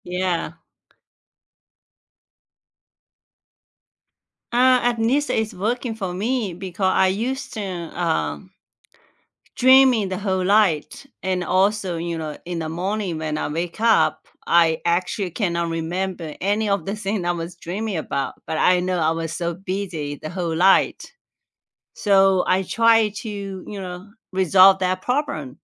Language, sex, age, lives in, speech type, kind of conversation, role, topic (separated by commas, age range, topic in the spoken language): English, female, 45-49, United States, conversation, unstructured, none, What sleep routines actually work for you, and which bedtime rituals help you feel rested and calm?